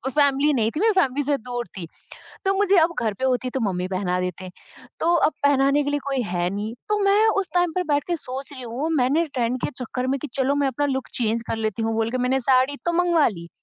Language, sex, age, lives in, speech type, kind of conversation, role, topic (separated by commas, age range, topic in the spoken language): Hindi, female, 20-24, India, conversation, podcast, guest, Style choose karte waqt tum comfort aur trend ke beech ka balance kaise banate ho?